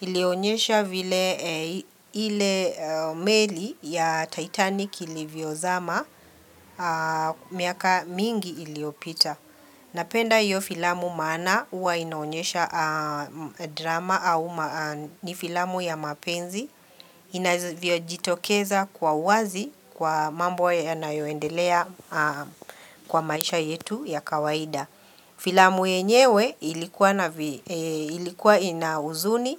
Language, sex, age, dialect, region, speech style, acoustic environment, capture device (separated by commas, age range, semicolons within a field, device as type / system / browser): Swahili, female, 45-54, Kenya - Nairobi Sheng-influenced, Kenya, relaxed, people talking; nature, mobile / Linux / Chrome